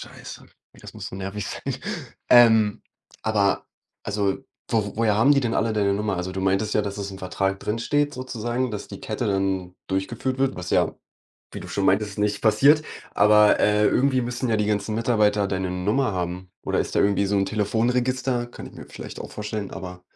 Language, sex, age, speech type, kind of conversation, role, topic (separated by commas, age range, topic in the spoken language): German, male, 20-24, conversation, advice, advisor, Wie kann ich damit umgehen, dass mein Chef und meine Kollegen außerhalb der Arbeitszeit ständige Erreichbarkeit erwarten?